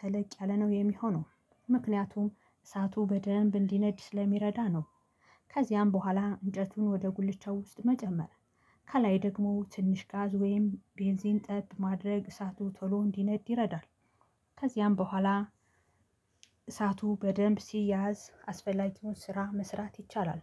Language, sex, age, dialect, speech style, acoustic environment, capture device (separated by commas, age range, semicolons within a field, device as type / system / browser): Amharic, female, 35-44, Ethiopia - Gondar, happy, silence, mobile / Linux / Chrome